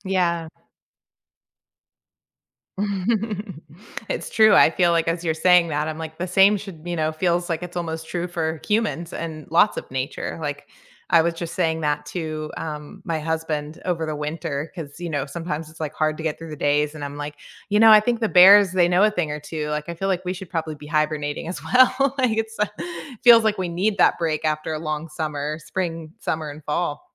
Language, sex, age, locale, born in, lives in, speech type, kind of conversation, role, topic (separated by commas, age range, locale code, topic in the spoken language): English, female, 40-44, en-US, United States, United States, conversation, unstructured, none, What recent moments in nature have brightened your mood, and how can we connect through them?